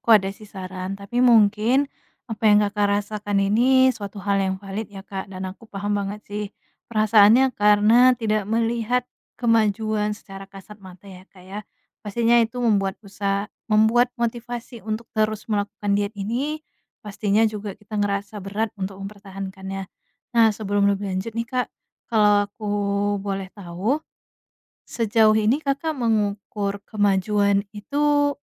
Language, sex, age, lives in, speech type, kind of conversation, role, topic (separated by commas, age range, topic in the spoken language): Indonesian, female, 25-29, Indonesia, conversation, advice, advisor, Apa yang harus saya lakukan jika saya frustrasi karena tidak melihat kemajuan dari kebiasaan baru saya?